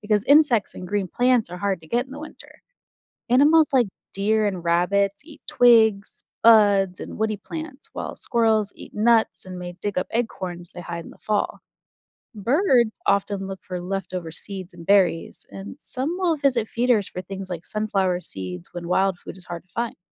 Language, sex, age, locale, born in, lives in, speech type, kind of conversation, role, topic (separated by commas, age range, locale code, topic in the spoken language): English, female, 30-34, en-US, United States, United States, read, expert-assistant, expert, Why do some animals hibernate during the winter rather than staying active?